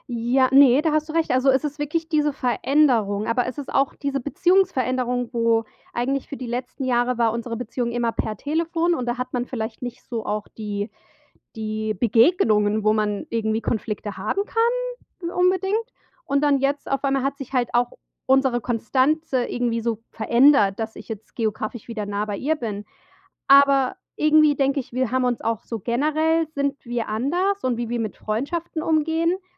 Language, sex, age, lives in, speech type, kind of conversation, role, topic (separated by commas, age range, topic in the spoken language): German, female, 35-39, United States, conversation, advice, user, Wie kann ich Freunden freundlich sagen, dass ihre Erwartungen für mich zu viel sind?